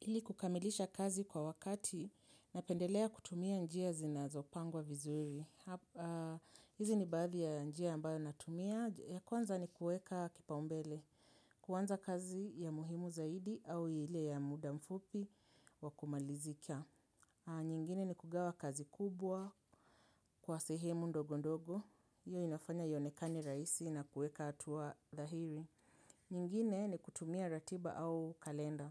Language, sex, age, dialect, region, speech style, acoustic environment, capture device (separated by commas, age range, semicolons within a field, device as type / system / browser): Swahili, female, 35-44, Kenya - Nairobi Sheng-influenced, Kenya, happy; relaxed; focused; excited, people talking; nature; silence; appliances, mobile / Linux / Chrome